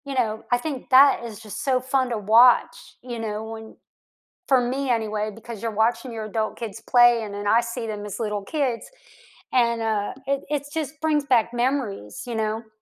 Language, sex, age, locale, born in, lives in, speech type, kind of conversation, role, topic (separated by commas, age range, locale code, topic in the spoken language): English, female, 55-59, en-US, United States, United States, conversation, unstructured, none, Which childhood games or hobbies would you like to bring back into your adult life, and why now?